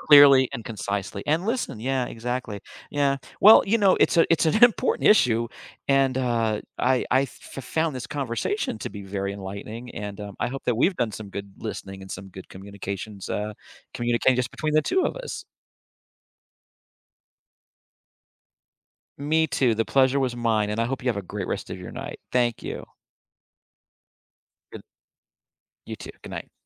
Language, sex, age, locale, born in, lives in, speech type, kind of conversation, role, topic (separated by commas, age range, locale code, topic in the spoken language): English, male, 55-59, en-US, United States, United States, conversation, podcast, host, Why is truly listening important when trying to resolve conflicts?